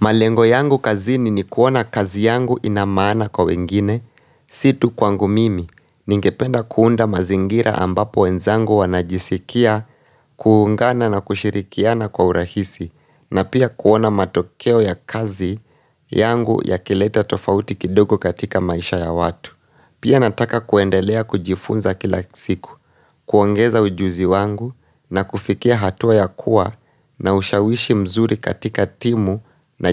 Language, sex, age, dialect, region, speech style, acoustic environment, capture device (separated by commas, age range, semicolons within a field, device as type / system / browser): Swahili, male, 25-34, Kenya - Nairobi Sheng-influenced, Kenya, focused, silence, mobile / Linux / Chrome